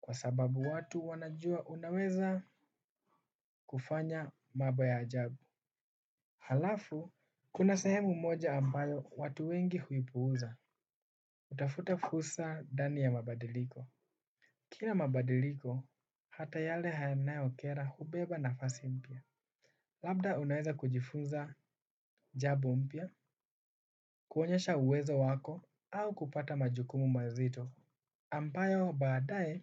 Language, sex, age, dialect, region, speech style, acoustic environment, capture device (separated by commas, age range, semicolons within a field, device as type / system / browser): Swahili, male, 18-24, Kenya - Nairobi Sheng-influenced, Kenya, focused, nature, desktop / Windows / Chrome